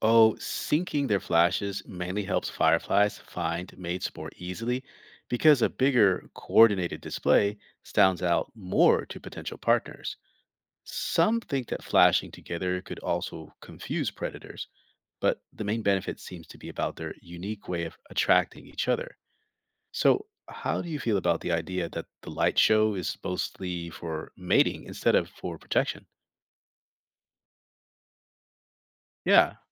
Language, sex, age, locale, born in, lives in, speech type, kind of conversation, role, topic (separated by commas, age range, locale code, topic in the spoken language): English, male, 45-49, en-US, United States, United States, read, expert-assistant, expert, How do fireflies make light?